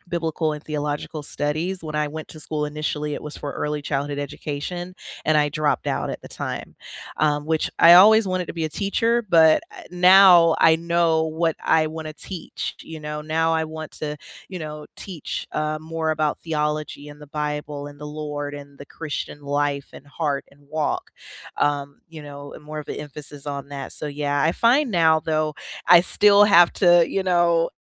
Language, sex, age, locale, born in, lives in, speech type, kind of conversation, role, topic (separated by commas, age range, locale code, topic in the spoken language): English, female, 30-34, en-US, United States, United States, conversation, unstructured, none, What is one simple habit that improved your life this year?